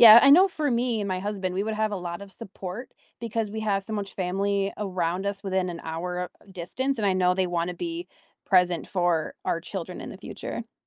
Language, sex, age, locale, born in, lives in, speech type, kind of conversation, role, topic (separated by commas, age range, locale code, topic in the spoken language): English, female, 25-29, en-US, United States, United States, conversation, unstructured, none, What scares you about making major changes in your family relationships?